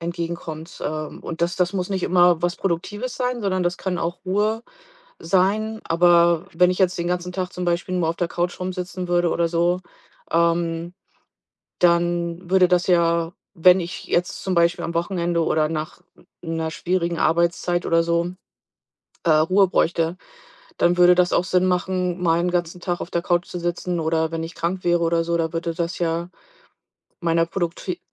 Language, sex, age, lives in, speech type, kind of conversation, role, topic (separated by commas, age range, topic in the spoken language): German, female, 45-49, United States, conversation, podcast, guest, Wie findest du Sinn in alltäglichen Aufgaben?